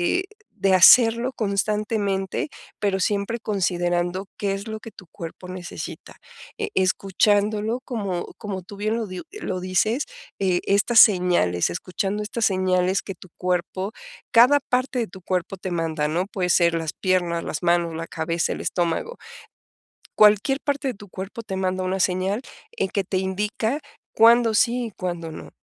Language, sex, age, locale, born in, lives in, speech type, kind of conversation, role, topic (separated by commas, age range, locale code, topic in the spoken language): Spanish, female, 45-49, es-MX, Mexico, Mexico, conversation, advice, advisor, ¿Cómo puedo mantener la disciplina sin descuidar mi autocuidado?